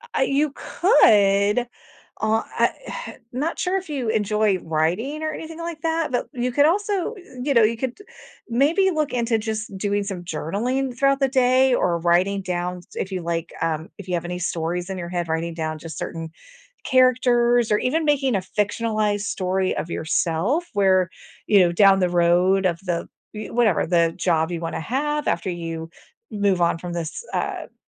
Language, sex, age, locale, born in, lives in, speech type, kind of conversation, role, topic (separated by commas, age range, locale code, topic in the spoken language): English, female, 45-49, en-US, United States, United States, conversation, advice, advisor, How can I stay motivated while learning a new skill?